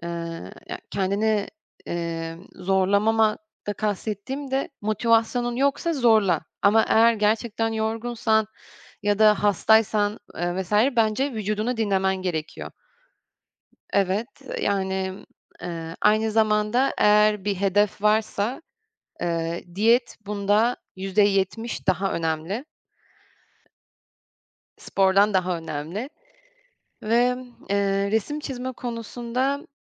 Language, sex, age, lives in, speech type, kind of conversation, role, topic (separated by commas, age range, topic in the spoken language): Turkish, female, 25-29, France, conversation, podcast, guest, Seni en çok mutlu eden hobin nedir?